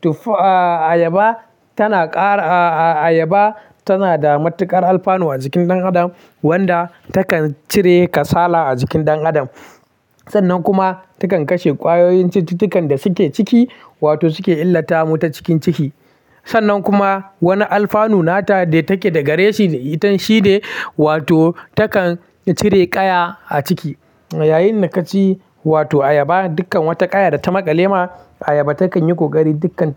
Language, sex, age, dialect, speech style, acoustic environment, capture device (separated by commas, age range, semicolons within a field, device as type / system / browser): Hausa, male, 18-24, Nigeria - Kano, happy, silence, mobile / Linux / Chrome